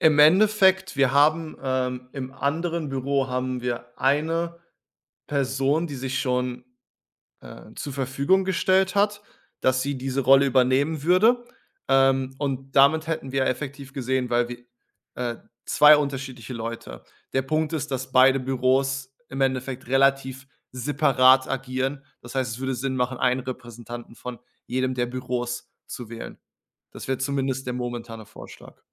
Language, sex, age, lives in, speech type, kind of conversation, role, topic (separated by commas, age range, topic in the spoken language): German, male, 30-34, Germany, conversation, advice, user, Wie kann ich klare Grenzen zwischen Arbeit und Privatleben setzen, um meine ständige Erschöpfung zu reduzieren?